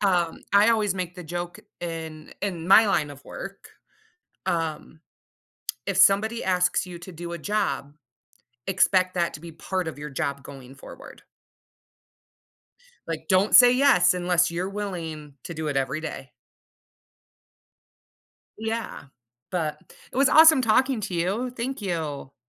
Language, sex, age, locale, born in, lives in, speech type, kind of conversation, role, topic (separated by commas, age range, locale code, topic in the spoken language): English, female, 35-39, en-US, United States, United States, conversation, podcast, host, How do daily habits and self-awareness help you handle stress in everyday life?